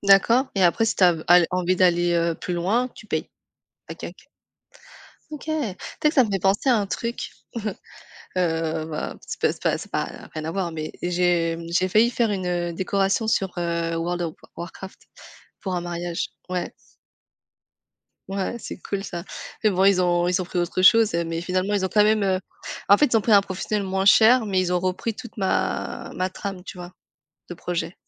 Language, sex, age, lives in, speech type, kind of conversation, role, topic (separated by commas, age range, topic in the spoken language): French, female, 35-39, France, conversation, unstructured, none, Quel loisir te rend le plus heureux au quotidien ?